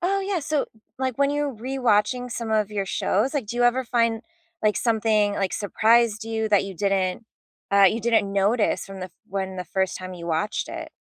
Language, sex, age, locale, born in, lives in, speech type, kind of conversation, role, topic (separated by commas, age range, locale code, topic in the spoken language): English, female, 35-39, en-US, United States, United States, conversation, unstructured, none, What comfort shows do you rewatch when you need a pick-me-up?